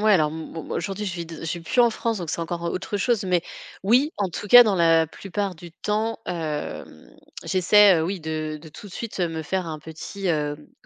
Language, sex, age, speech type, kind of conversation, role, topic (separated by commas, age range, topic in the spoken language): French, female, 35-39, conversation, podcast, guest, À ton avis, qu'est-ce qui fait qu'un quartier est solidaire ?